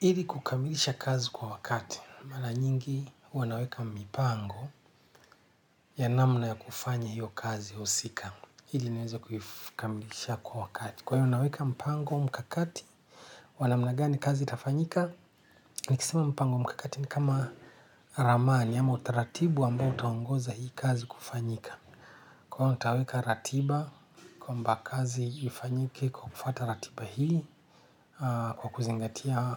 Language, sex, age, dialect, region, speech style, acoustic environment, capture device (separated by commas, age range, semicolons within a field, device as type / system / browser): Swahili, male, 45-54, Kenya - Nairobi Sheng-influenced, Kenya, relaxed; happy, silence; nature, desktop / Linux / Chrome